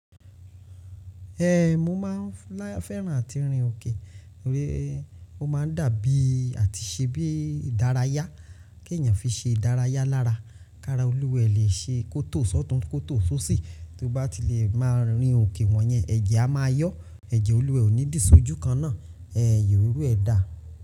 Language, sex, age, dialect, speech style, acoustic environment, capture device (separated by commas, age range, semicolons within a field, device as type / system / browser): Yoruba, male, 18-24, Nigeria - Lagos Yoruba, happy; nervous; anxious; stressed, people talking; traffic, mobile / Linux / Chrome